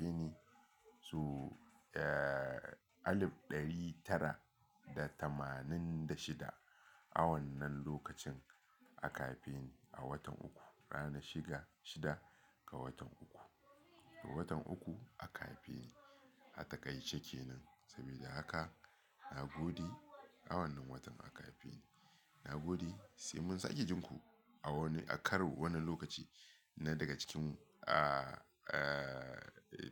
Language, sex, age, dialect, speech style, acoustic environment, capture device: Hausa, male, 35-44, Nigeria - Lagos Yoruba, relaxed, people talking, mobile / Linux / Chrome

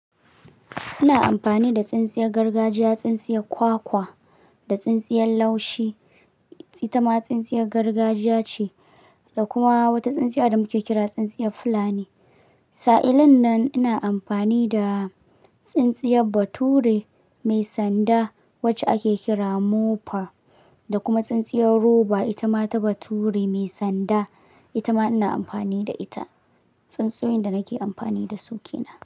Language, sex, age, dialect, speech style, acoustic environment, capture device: Hausa, male, 18-24, Nigeria - Kano, focused; excited; relaxed; happy, silence, mobile / Linux / Chrome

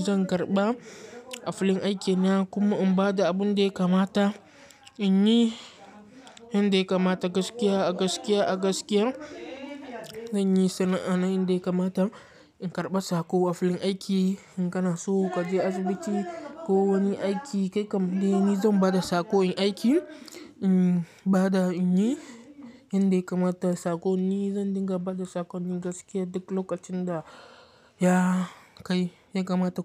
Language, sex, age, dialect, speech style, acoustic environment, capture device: Hausa, male, 18-24, Nigeria - Katsina, focused; relaxed, people talking, mobile / Linux / Chrome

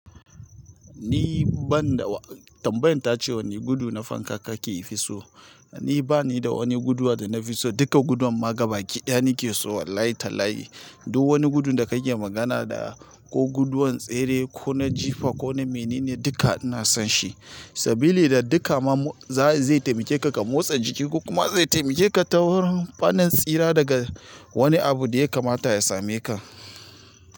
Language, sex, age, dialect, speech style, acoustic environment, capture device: Hausa, male, 35-44, Nigeria - Nigerian Standard, excited; focused, nature; silence, mobile / Linux / Chrome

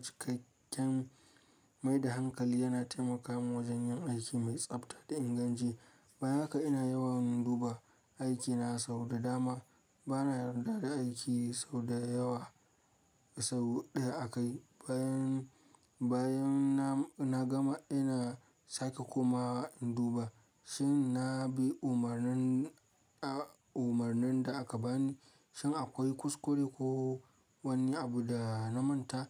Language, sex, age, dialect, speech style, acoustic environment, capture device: Hausa, male, 25-34, Nigeria - Kano, focused, silence, mobile / Linux / Chrome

